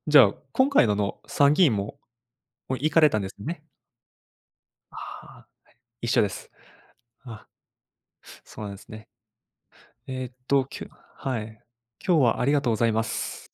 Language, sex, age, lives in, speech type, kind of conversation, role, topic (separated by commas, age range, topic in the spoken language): Japanese, male, 25-29, Japan, conversation, unstructured, none, 選挙に行く理由は何だと思いますか？